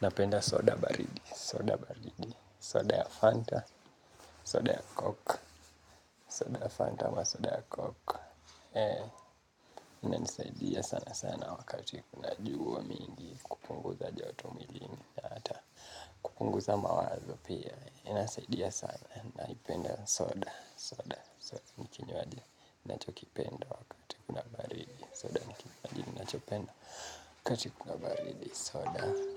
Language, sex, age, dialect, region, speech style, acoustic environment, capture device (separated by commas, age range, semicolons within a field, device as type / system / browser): Swahili, male, 18-24, Kenya - Nairobi Sheng-influenced, Kenya, relaxed, appliances, mobile / Linux / Chrome